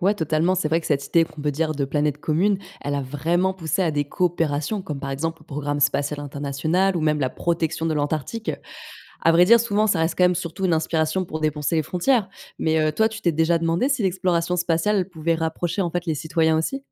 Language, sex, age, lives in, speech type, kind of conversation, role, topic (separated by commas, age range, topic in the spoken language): French, female, 25-29, France, read, expert-assistant, expert, Est-ce que le fait de savoir qu’il existe d’autres mondes change notre façon de voir la Terre ?